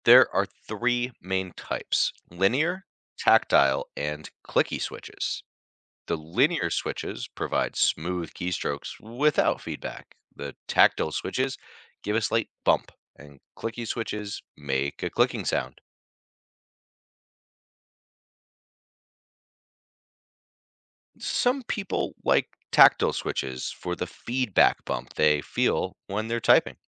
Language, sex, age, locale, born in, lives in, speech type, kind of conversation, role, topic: English, male, 35-39, en-US, United States, United States, read, expert-assistant, expert, How does a mechanical keyboard register keystrokes?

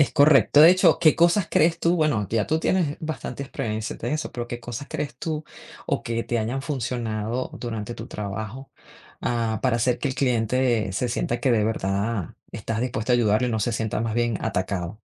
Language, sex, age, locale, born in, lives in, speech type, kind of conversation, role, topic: Spanish, female, 40-44, es-VE, Venezuela, United States, conversation, unstructured, none, ¿Cómo puedes persuadir a alguien sin que se moleste?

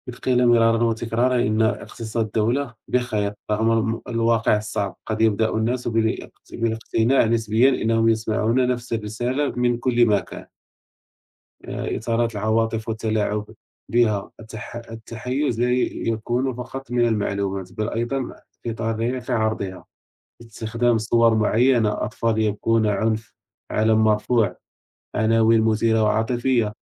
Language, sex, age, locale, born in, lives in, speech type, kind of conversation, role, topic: Arabic, male, 30-34, ar-MA, Morocco, France, conversation, unstructured, none, هل بتحس إن في تحيّز في تغطية الأخبار؟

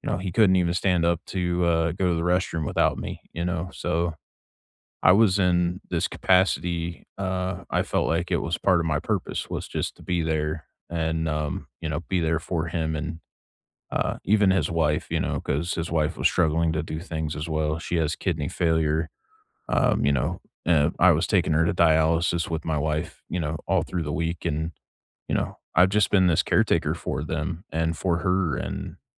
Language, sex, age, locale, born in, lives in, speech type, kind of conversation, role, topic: English, male, 40-44, en-US, United States, United States, conversation, unstructured, none, What small rituals start or end your day, and why do they matter to you?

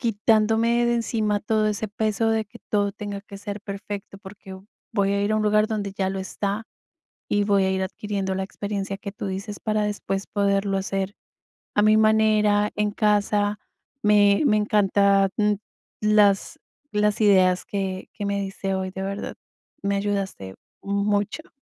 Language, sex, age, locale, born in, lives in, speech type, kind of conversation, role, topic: Spanish, female, 45-49, es-CO, Colombia, United States, conversation, advice, user, ¿Cómo puedo empezar una rutina si me da miedo intentarlo?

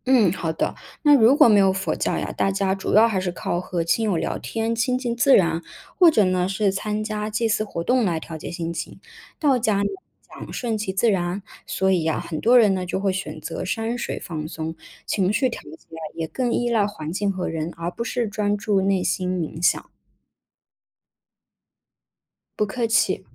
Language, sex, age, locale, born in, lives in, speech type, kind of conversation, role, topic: Chinese, female, 30-34, zh-CN, China, United States, read, expert-assistant, expert, 如果历史上没有出现佛教，对中国文化和社会会产生怎样的影响？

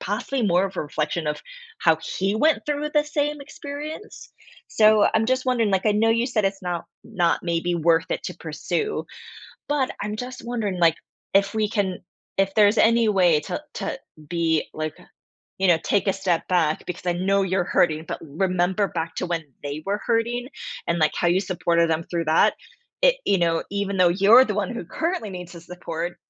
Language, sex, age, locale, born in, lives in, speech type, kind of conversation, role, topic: English, female, 40-44, en-US, United States, United States, conversation, advice, advisor, How do I confront a friend who betrayed my trust and decide what to do next?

